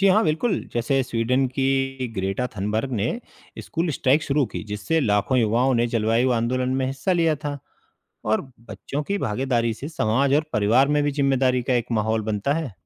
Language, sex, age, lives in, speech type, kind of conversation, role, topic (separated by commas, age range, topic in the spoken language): Hindi, male, 35-39, India, read, expert-assistant, expert, हमारे आस-पास की पहाड़ियाँ, नदियाँ या झीलें समय के साथ कैसे बदल सकती हैं, और इनके बदलने से हमारे जीवन में क्या-क्या नए मौके या चुनौतियाँ आ सकती हैं?